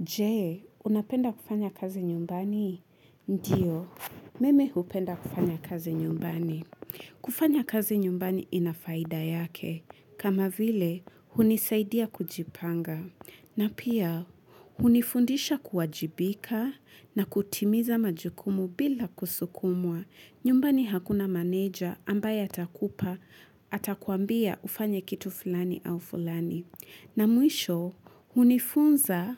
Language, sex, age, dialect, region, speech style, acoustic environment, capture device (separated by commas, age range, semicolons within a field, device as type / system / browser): Swahili, female, 25-34, Kenya - Mombasa, Kenya, happy, nature, mobile / Linux / Chrome